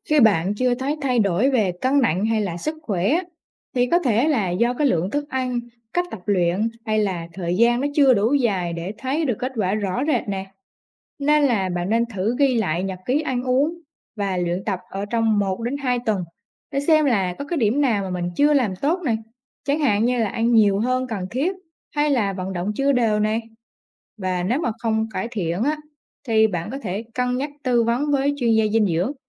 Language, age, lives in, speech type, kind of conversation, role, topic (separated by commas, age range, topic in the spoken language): Vietnamese, 20-24, Vietnam, read, expert-assistant, expert, Làm thế nào để xây dựng thói quen ăn uống lành mạnh?